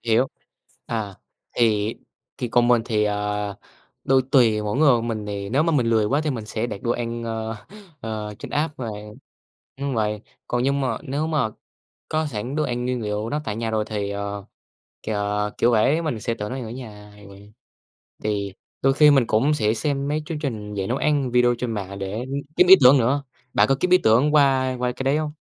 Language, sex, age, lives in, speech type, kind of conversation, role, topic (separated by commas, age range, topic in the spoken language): Vietnamese, male, 18-19, Vietnam, conversation, unstructured, none, Bạn có thói quen nấu ăn ở nhà không?